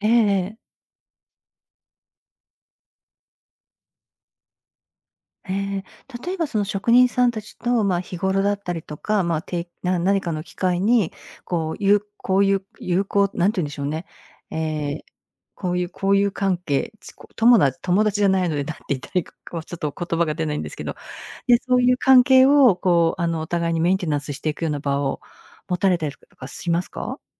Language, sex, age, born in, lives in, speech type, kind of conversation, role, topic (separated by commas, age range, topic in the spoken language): Japanese, female, 55-59, Japan, United States, conversation, advice, advisor, 休みを取るとき、職場の反応や自分の罪悪感とどう向き合えばいいですか？